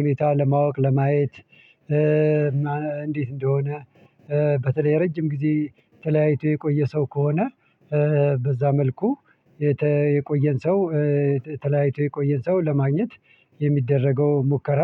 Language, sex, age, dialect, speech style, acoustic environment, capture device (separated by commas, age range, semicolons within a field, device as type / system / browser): Amharic, male, 45-54, Ethiopia - Addis Ababa, happy, people talking, mobile / Linux / Chrome